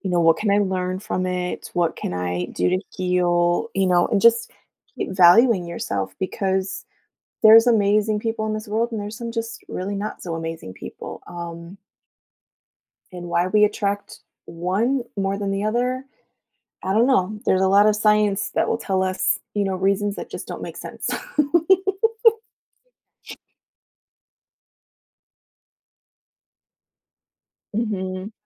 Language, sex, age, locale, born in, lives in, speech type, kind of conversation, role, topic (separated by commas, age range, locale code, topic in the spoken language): English, female, 40-44, en-US, United States, United States, conversation, advice, advisor, How can I confront my partner about dishonesty?